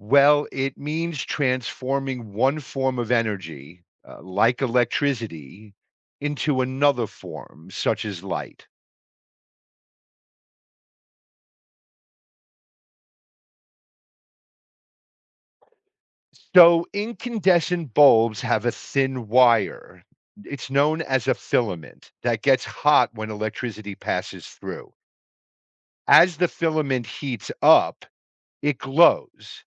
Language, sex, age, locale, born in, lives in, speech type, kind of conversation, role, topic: English, male, 55-59, en-US, United States, United States, read, expert-assistant, expert, How does a lightbulb produce light?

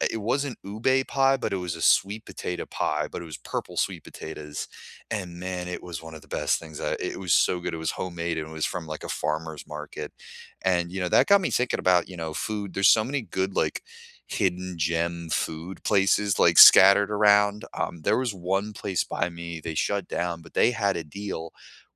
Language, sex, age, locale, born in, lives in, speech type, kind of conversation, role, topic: English, male, 25-29, en-US, United States, United States, conversation, unstructured, none, What hidden gem in your hometown do you wish more people knew about, and why does it matter to you?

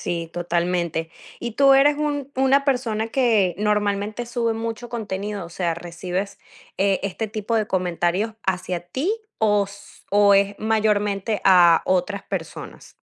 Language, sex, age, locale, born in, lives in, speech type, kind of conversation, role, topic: Spanish, female, 20-24, es-VE, Venezuela, United States, conversation, advice, advisor, ¿Cómo describirías el comentario dañino que recibiste en redes sociales?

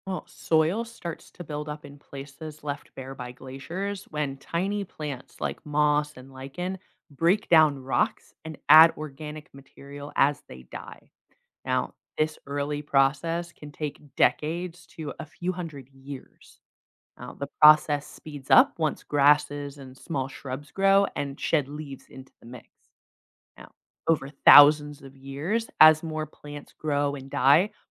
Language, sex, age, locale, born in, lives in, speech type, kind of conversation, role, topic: English, female, 25-29, en-US, United States, United States, read, expert-assistant, expert, How do glaciers shape the land over time?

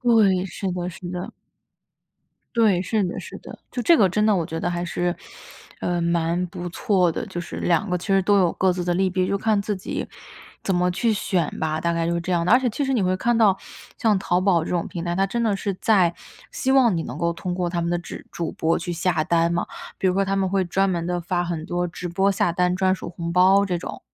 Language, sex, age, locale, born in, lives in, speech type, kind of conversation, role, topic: Chinese, female, 25-29, zh-CN, China, United States, conversation, podcast, guest, 直播带货改变了消费习惯吗？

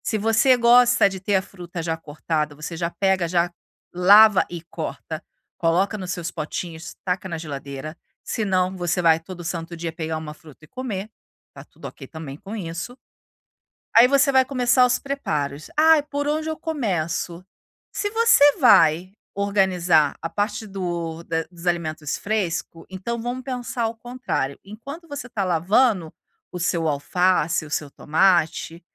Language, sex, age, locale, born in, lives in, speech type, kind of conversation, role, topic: Portuguese, female, 40-44, pt-BR, Brazil, Italy, conversation, advice, advisor, Como posso economizar tempo ao preparar minhas refeições diárias?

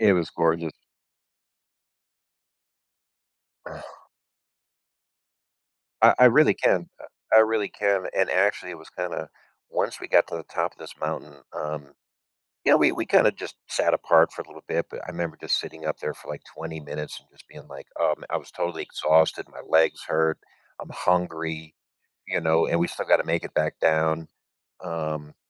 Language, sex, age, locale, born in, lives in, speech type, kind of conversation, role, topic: English, male, 45-49, en-US, United States, United States, conversation, advice, user, How can I find peace in quiet moments alone?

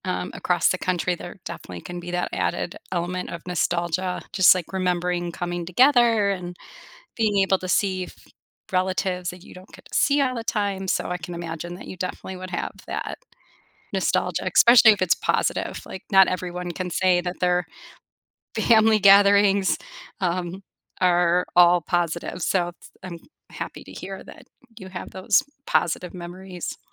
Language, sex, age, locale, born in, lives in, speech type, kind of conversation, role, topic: English, female, 45-49, en-US, United States, United States, conversation, advice, advisor, How can i recreate or adapt family traditions i miss to feel more connected?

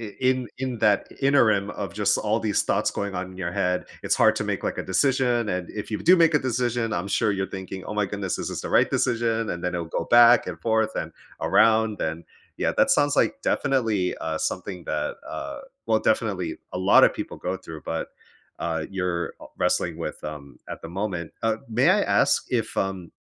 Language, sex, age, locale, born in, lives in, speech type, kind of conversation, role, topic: English, male, 35-39, en-US, United States, United States, conversation, advice, advisor, How do I decide between major life options when I'm unsure which aligns with my values?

